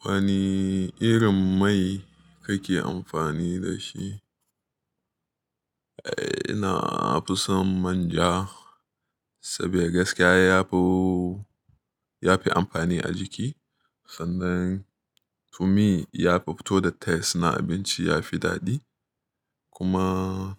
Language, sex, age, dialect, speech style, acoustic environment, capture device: Hausa, male, 25-34, Nigeria - Nigerian Standard, happy, appliances, mobile / Linux / Chrome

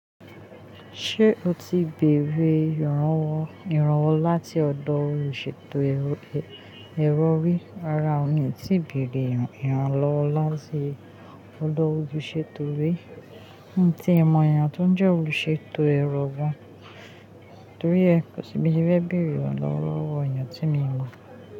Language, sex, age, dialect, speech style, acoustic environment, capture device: Yoruba, female, 25-34, Nigeria - Ijebu, happy, silence, mobile / Linux / Chrome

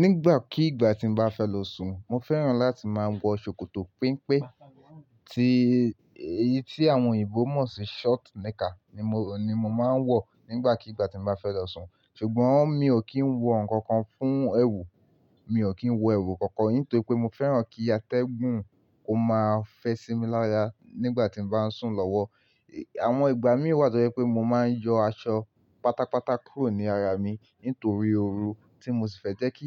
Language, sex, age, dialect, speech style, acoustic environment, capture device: Yoruba, male, 18-24, Nigeria - Lagos Yoruba, relaxed, silence, mobile / Linux / Chrome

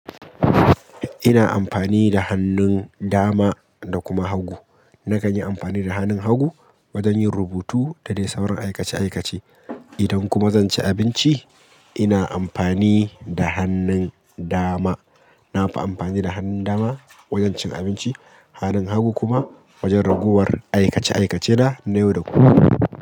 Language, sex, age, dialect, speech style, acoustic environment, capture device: Hausa, male, 25-34, Nigeria - Kano, happy; excited; focused, people talking; traffic; music; nature; appliances, mobile / Linux / Chrome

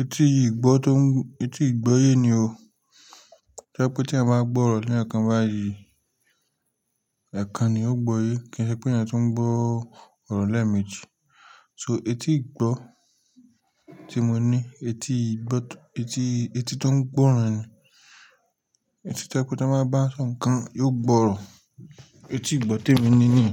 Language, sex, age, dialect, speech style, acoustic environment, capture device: Yoruba, male, 25-34, Nigeria - Lagos Yoruba, tired, silence, mobile / Linux / Chrome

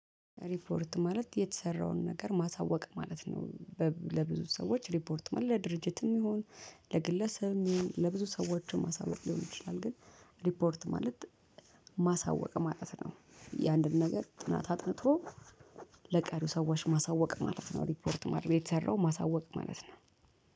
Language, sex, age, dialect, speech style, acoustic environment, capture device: Amharic, female, 35-44, Ethiopia - Addis Ababa, nervous, traffic, mobile / Linux / Chrome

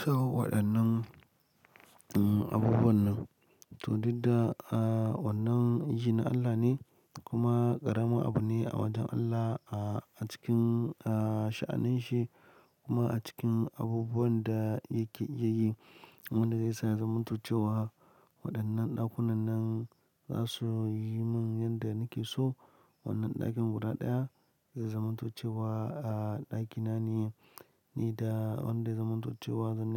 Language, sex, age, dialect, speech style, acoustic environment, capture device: Hausa, male, 25-34, Nigeria - Lagos Yoruba, happy; excited, nature, mobile / Linux / Chrome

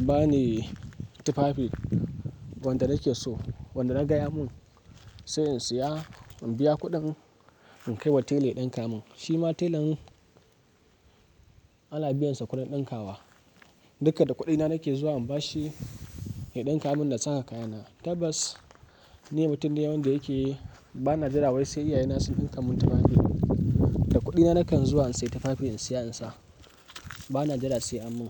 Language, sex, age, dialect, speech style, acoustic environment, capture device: Hausa, male, 18-24, Nigeria - Kano, happy; excited, nature; silence, mobile / Linux / Chrome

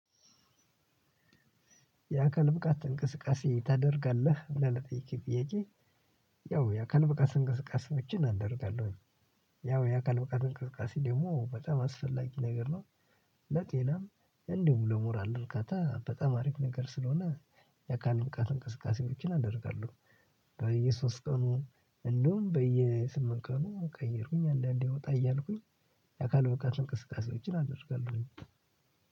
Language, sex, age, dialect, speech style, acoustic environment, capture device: Amharic, male, 18-24, Ethiopia - Addis Ababa, happy, silence, mobile / Linux / Chrome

